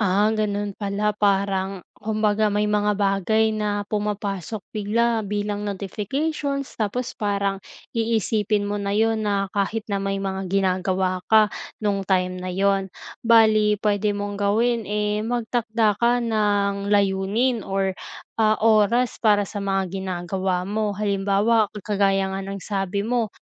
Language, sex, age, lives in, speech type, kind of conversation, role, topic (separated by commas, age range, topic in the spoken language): Filipino, female, 20-24, Philippines, conversation, advice, advisor, Paano ako mananatiling nakatuon sa gawain sa takdang oras?